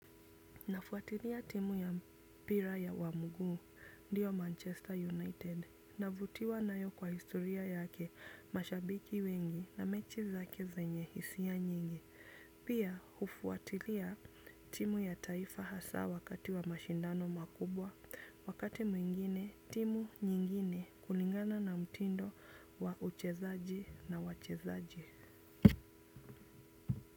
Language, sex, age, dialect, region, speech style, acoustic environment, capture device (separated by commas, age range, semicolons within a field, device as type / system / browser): Swahili, female, 25-34, Kenya - Nairobi Sheng-influenced, Kenya, happy, silence, desktop / Windows / Chrome